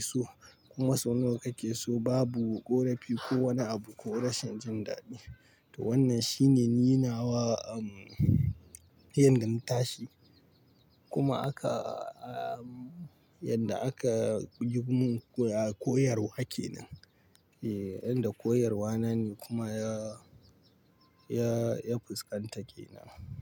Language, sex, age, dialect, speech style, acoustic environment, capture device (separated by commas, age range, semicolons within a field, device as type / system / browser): Hausa, male, 25-34, Nigeria - Pidgin-influenced, relaxed; tired; stressed, nature, mobile / Linux / Chrome